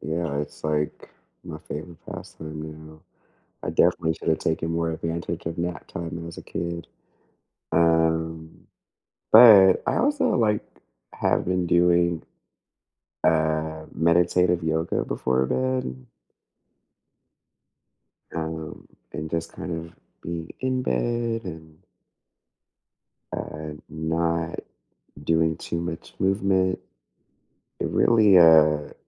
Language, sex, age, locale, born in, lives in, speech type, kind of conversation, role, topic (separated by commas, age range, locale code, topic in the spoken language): English, other, 30-34, en-US, United States, United States, conversation, unstructured, none, What sleep tweaks and bedtime routines have actually worked for you?